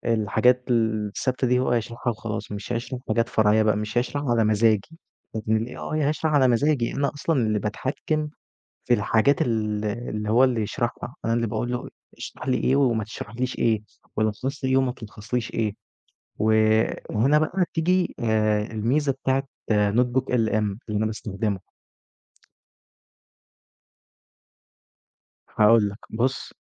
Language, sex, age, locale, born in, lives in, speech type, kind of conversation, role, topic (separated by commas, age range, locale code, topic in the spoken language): Arabic, male, 20-24, ar-EG, Egypt, Egypt, conversation, podcast, guest, بتستخدم أنهي تطبيق للإنتاجية كل يوم وليه؟